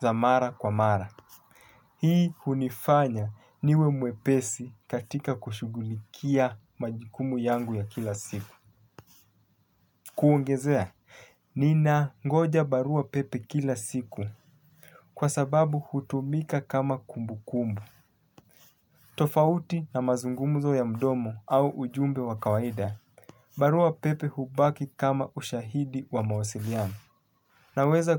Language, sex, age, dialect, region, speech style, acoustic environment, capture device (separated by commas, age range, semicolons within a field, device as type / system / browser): Swahili, male, 25-34, Kenya - Mombasa, Kenya, happy; focused; relaxed, nature; traffic, mobile / Linux / Chrome